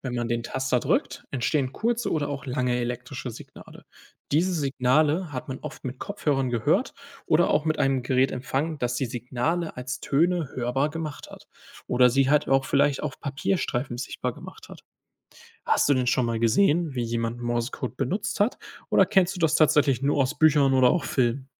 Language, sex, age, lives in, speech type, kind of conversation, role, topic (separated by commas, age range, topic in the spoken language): German, male, 20-24, Germany, read, expert-assistant, expert, Was ist ein Morsecode und wie wurde er früher zur Kommunikation genutzt?